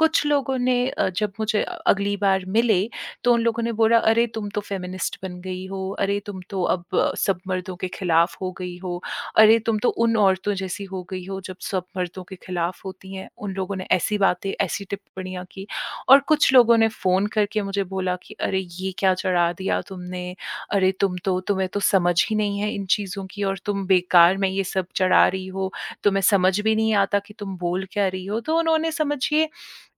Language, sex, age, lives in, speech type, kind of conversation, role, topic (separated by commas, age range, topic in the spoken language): Hindi, female, 30-34, India, conversation, advice, user, सोशल मीडिया पर नकारात्मक टिप्पणियाँ और ट्रोलिंग से परेशान होना